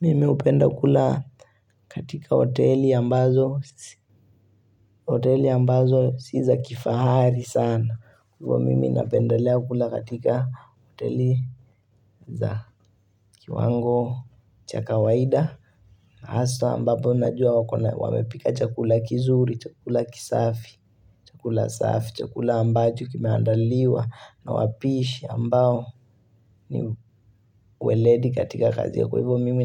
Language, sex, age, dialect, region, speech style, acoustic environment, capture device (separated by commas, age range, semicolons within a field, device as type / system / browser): Swahili, male, 18-24, Kenya - Mombasa, Kenya, relaxed, silence, mobile / Linux / Chrome